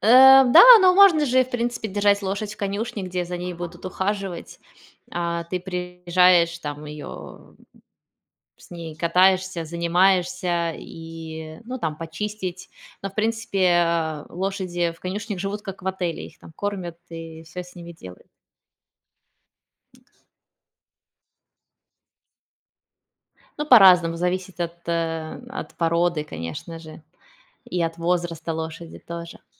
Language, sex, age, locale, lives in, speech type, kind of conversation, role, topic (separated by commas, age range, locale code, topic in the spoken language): Russian, female, 35-39, ru-RU, Spain, conversation, unstructured, none, Как ты видишь себя через десять лет?